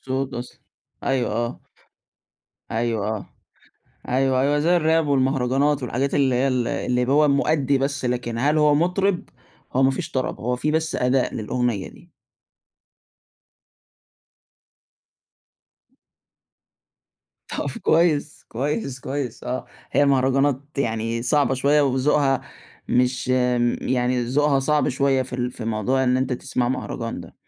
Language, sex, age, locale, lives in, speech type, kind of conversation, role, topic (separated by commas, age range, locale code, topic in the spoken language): Arabic, male, 20-24, ar-EG, Egypt, conversation, podcast, host, إيه دور العيلة في نوع الموسيقى اللي بتحبها؟